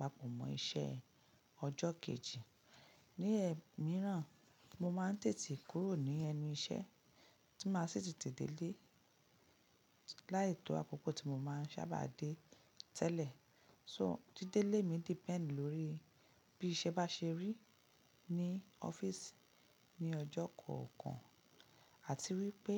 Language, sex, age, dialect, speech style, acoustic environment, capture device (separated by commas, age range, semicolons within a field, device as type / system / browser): Yoruba, female, 35-44, Nigeria - Lagos Yoruba, relaxed, nature, mobile / Linux / Chrome